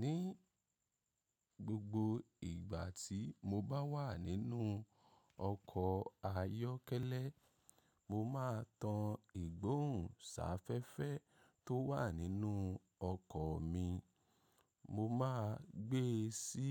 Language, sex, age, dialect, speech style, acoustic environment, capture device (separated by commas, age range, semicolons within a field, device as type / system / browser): Yoruba, male, 25-34, Nigeria - Ibadan, happy, nature, mobile / macOS / Safari